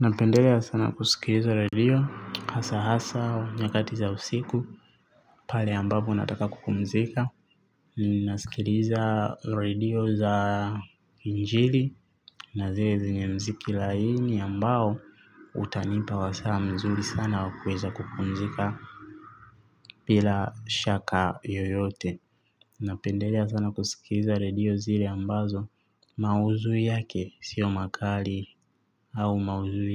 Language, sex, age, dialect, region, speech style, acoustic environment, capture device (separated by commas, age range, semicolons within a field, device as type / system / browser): Swahili, male, 25-34, Tanzania - Dar es Salaam, Tanzania, happy; relaxed, traffic, mobile / Linux / Chrome